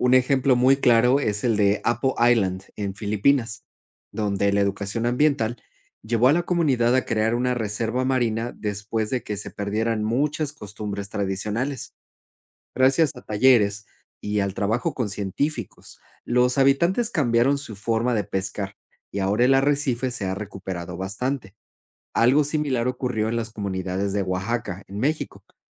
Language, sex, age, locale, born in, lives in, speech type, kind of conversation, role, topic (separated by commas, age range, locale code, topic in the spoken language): Spanish, male, 40-44, es-MX, Mexico, Spain, read, expert-assistant, expert, ¿Cómo influyen las costumbres y la educación en la protección de los océanos?